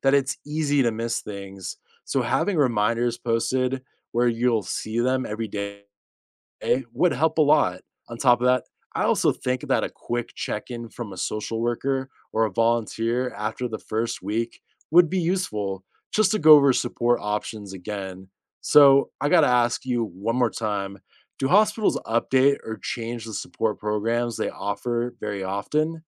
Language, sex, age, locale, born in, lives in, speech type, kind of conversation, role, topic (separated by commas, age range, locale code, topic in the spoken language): English, male, 30-34, en-US, United States, United States, read, expert-assistant, asker, What are creative ways to make hospital stays comfortable?